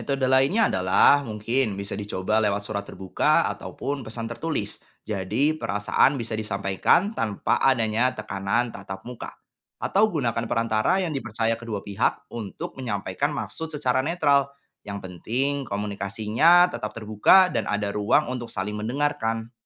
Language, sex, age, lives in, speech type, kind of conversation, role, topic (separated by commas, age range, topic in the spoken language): Indonesian, male, 25-29, Indonesia, read, expert-assistant, expert, Apa ide baru yang bisa diterapkan agar orang-orang dari berbagai agama bisa saling belajar dan berbagi kisah kepercayaan mereka?